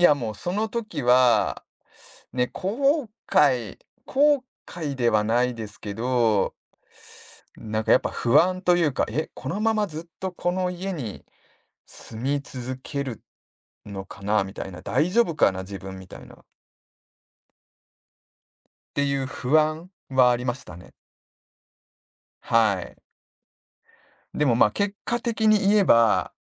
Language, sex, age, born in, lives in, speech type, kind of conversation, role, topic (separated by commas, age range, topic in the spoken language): Japanese, male, 40-44, Japan, Japan, conversation, podcast, guest, 勇気を振り絞った一番の瞬間はいつでしたか？